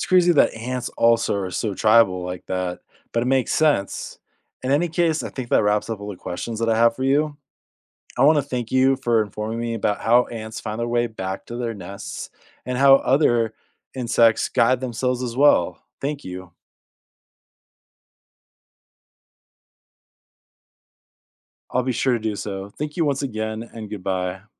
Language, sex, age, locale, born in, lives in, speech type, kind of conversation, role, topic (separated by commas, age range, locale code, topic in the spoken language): English, male, 30-34, en-US, United States, United States, read, expert-assistant, asker, How do ants find their way back to the nest?